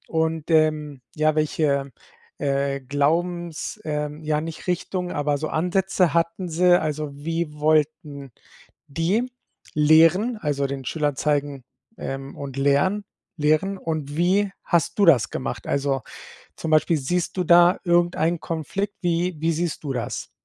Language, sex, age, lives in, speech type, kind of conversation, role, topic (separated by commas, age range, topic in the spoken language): German, male, 40-44, Spain, conversation, advice, advisor, Wie kann ich bei unklarer Kritik höflich um konkrete Beispiele und umsetzbare nächste Schritte bitten?